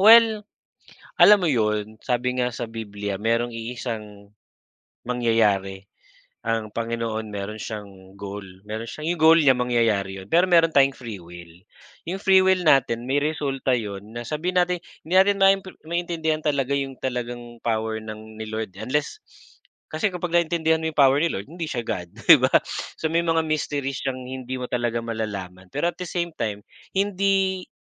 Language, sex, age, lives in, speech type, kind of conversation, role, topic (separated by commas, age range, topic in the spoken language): Filipino, male, 40-44, Philippines, conversation, unstructured, none, Ano ang pinaka-hindi mo malilimutang alaala noong bata ka pa?